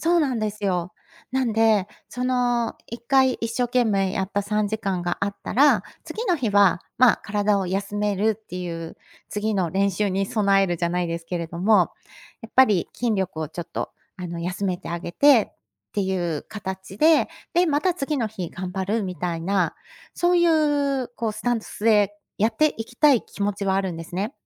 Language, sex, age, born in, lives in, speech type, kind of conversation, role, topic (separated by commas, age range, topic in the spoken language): Japanese, female, 50-54, Japan, Japan, conversation, advice, user, 運動する時間を確保できない